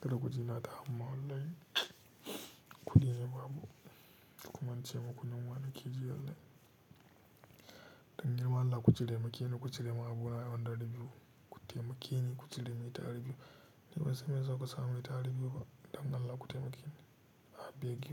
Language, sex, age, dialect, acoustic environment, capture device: Hausa, male, 18-24, Nigeria - Katsina, silence, mobile / Linux / Chrome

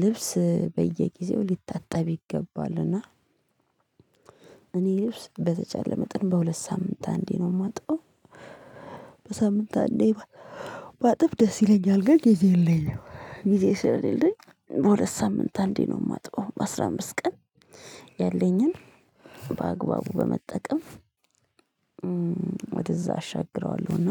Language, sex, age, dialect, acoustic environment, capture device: Amharic, female, 25-34, Ethiopia - Addis Ababa, silence, mobile / Linux / Chrome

